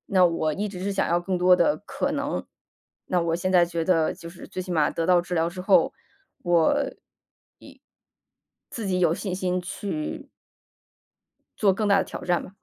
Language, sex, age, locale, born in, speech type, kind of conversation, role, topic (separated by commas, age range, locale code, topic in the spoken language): Chinese, female, 35-39, zh-CN, China, conversation, podcast, guest, 有哪次失败反而改变了你的人生方向吗？